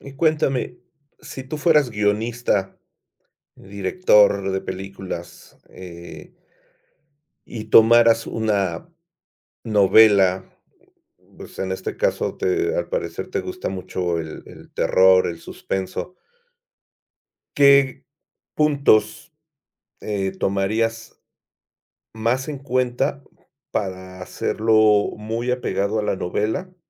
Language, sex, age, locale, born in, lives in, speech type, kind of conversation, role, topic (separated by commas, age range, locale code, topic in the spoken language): Spanish, male, 50-54, es-MX, Mexico, Mexico, conversation, podcast, host, ¿Por qué importa la representación en las películas y las series?